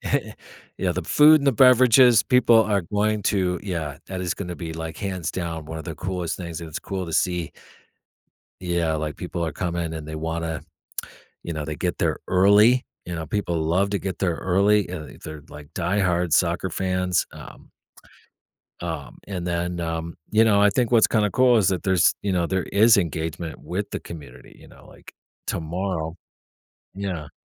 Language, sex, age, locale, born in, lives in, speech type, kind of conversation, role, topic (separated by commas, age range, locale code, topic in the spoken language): English, male, 45-49, en-US, United States, United States, conversation, podcast, guest, What factors help create a memorable and meaningful local event?